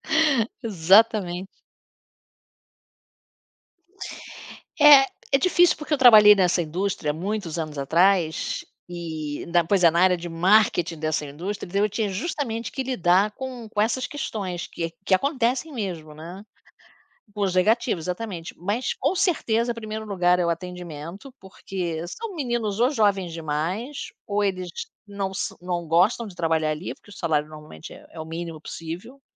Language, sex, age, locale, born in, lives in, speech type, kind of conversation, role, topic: Portuguese, female, 60-64, pt-BR, Brazil, United States, conversation, unstructured, none, O que mais te deixa bravo em restaurantes de fast-food?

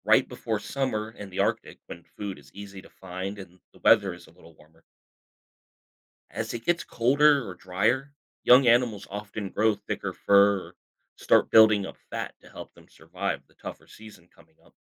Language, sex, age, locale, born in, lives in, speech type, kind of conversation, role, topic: English, male, 35-39, en-US, United States, United States, read, expert-assistant, expert, How do animals adapt to extreme environments?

